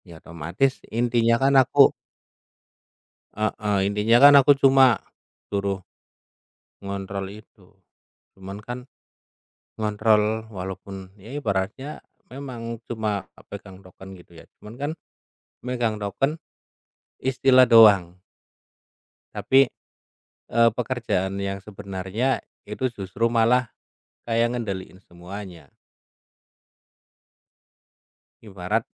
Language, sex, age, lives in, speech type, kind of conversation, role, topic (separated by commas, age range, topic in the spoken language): Indonesian, male, 30-34, Indonesia, conversation, unstructured, none, Bagaimana kamu menyeimbangkan pekerjaan dan kehidupan pribadi?